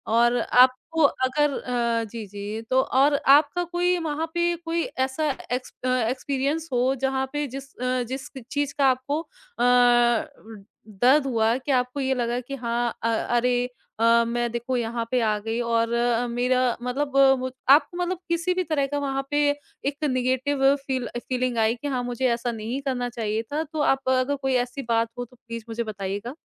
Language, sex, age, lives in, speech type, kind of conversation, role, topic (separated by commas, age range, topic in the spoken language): Hindi, female, 30-34, India, conversation, podcast, host, Tumhare liye self-expression ka asli maksad kya hai?